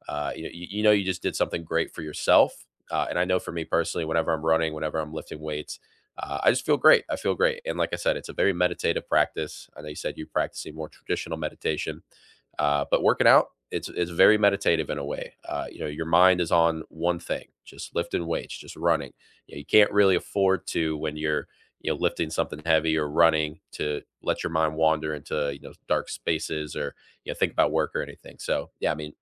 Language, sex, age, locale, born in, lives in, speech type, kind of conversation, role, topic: English, male, 25-29, en-US, United States, United States, conversation, unstructured, none, What simple rituals have been helping you feel grounded lately?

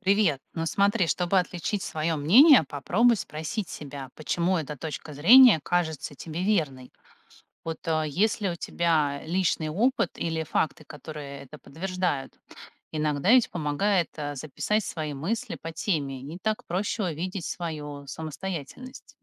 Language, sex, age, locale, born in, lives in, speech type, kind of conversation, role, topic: Russian, female, 45-49, ru-RU, Russia, United States, read, expert-assistant, expert, Как научиться отличать собственное мнение от чужого влияния в политике?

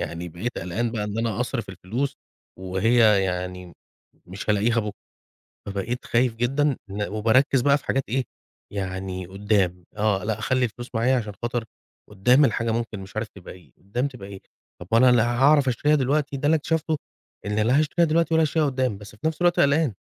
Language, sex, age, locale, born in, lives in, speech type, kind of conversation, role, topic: Arabic, male, 35-39, ar-EG, Egypt, Egypt, conversation, advice, user, إزاي القلق المستمر من المستقبل بيمنعني إني آخد قرارات بسيطة؟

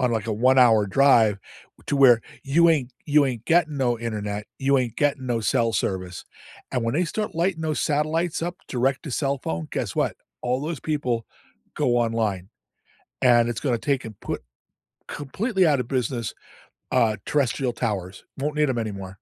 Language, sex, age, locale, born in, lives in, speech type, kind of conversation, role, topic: English, male, 65-69, en-US, United States, United States, conversation, unstructured, none, Is it better to keep your old phone until it dies?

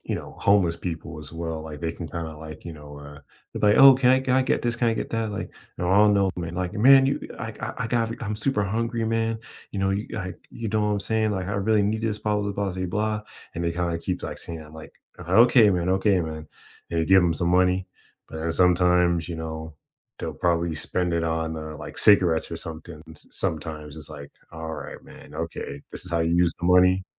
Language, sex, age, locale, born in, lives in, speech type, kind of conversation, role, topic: English, male, 25-29, en-US, United States, United States, conversation, unstructured, none, When can persuasion become manipulation?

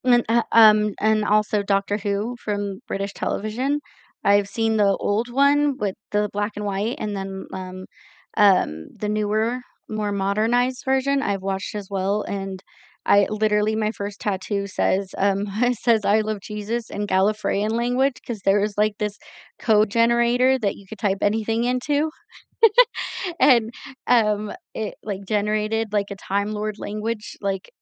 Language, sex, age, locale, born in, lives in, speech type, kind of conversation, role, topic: English, female, 30-34, en-US, United States, United States, conversation, unstructured, none, What do you look for when choosing something to watch, and how do your viewing choices help you connect with others?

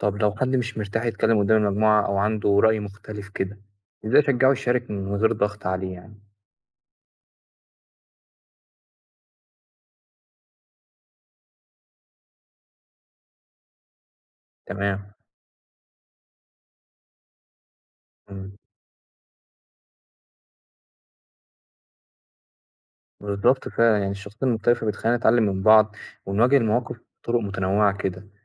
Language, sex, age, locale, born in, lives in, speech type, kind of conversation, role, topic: Arabic, male, 20-24, ar-EG, Egypt, Egypt, read, expert-assistant, asker, إزاي أتعامل مع التوتر والصراعات اللي ممكن تحصل بين الأصحاب وإحنا في رحلة تخييم في الجبل؟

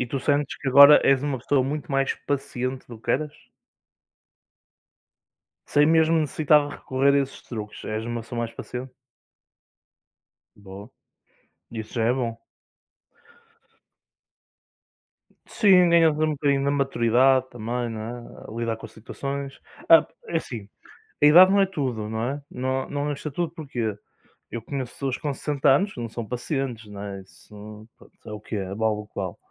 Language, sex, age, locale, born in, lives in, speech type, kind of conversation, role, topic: Portuguese, male, 20-24, pt-PT, Portugal, Portugal, conversation, unstructured, none, Como você lida com o estresse no dia a dia?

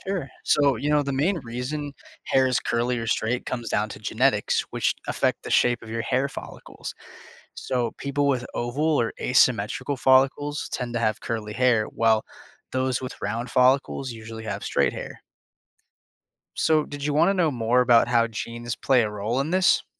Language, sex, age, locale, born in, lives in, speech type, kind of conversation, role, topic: English, male, 20-24, en-US, United States, United States, read, expert-assistant, expert, Why do some people have curly hair while others have straight hair?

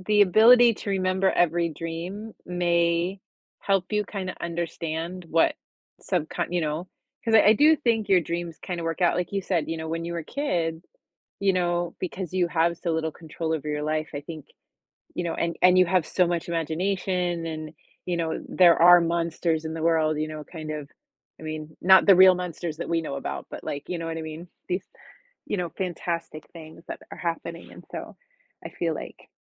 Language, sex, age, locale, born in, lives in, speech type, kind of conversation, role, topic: English, female, 45-49, en-US, United States, United States, conversation, unstructured, none, Would you rather remember every dream you’ve ever had, or be able to control what you dream about each night?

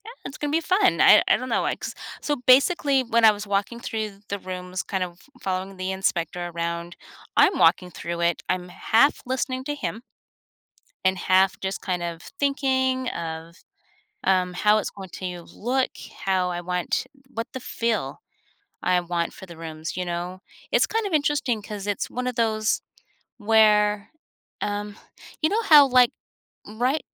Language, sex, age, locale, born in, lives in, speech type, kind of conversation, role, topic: English, female, 50-54, en-US, United States, United States, conversation, advice, user, How can I prepare to buy my first home?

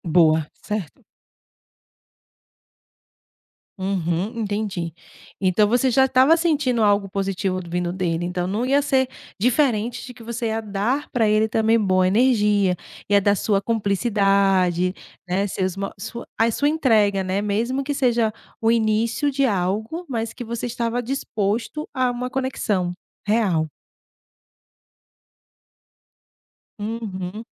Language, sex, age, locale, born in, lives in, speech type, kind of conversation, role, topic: Portuguese, female, 35-39, pt-BR, Brazil, Portugal, conversation, podcast, host, Como você transforma arrependimento em aprendizado no dia a dia?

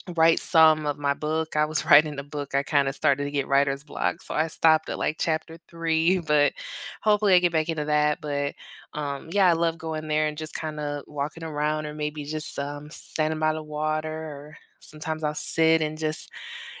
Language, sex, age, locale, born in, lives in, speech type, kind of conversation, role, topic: English, female, 30-34, en-US, United States, United States, conversation, unstructured, none, What is your go-to hidden gem in your hometown?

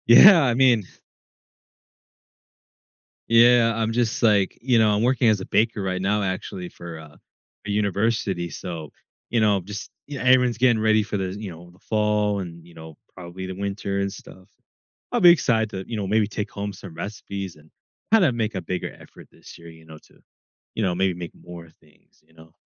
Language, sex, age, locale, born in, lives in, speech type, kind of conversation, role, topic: English, male, 20-24, en-US, United States, United States, conversation, podcast, host, How does food shape your family traditions?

